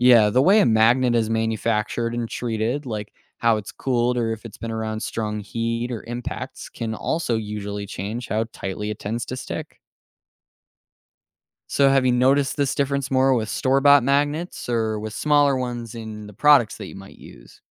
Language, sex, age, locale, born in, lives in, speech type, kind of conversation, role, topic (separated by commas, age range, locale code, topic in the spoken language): English, male, 18-19, en-US, United States, United States, read, expert-assistant, expert, How do magnets work and what are they used for?